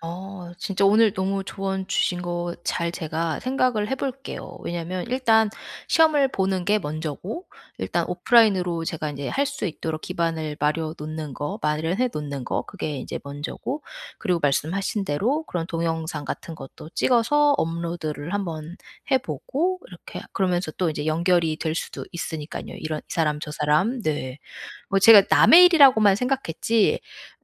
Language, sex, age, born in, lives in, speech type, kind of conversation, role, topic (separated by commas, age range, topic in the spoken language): Korean, female, 40-44, United States, United States, conversation, advice, user, 작은 성취를 통해 어떻게 자신감을 조금씩 키울 수 있을까요?